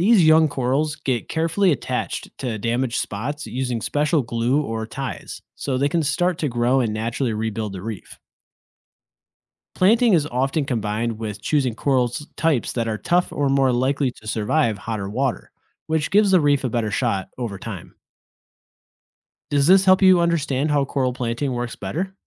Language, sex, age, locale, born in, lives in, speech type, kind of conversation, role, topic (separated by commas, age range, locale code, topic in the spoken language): English, male, 30-34, en-US, United States, United States, read, expert-assistant, expert, How do coral reefs support so many different kinds of sea life?